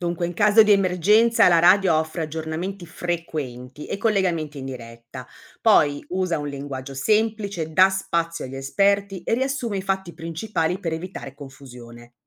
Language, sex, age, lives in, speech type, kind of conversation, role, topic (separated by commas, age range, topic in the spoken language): Italian, female, 55-59, Italy, read, expert-assistant, expert, Se la TV sparisse domani, come pensi che le trasmissioni radio cambierebbero il modo in cui ci informiamo?